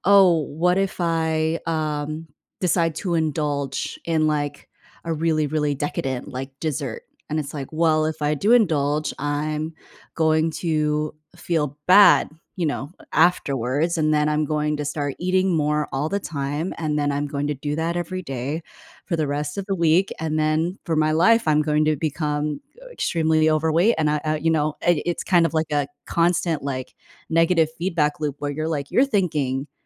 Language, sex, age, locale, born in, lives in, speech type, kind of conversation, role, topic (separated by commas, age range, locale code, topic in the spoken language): English, female, 25-29, en-US, United States, United States, conversation, advice, advisor, How can I decide on a major choice when I'm feeling unsure?